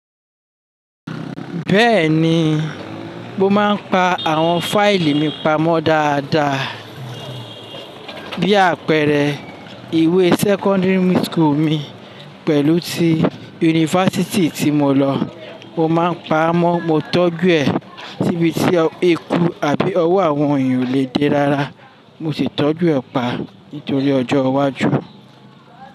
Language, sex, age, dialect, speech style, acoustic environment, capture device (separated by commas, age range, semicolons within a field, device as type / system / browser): Yoruba, male, 35-44, Nigeria - Lagos Yoruba, happy, people talking, desktop / Linux / Chrome